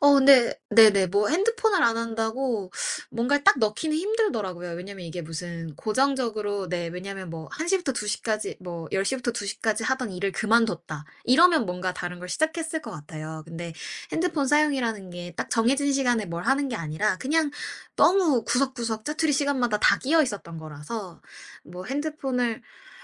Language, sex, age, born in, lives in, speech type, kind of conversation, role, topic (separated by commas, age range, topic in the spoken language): Korean, female, 25-29, South Korea, United States, conversation, podcast, guest, 디지털 디톡스를 해본 적이 있으신가요?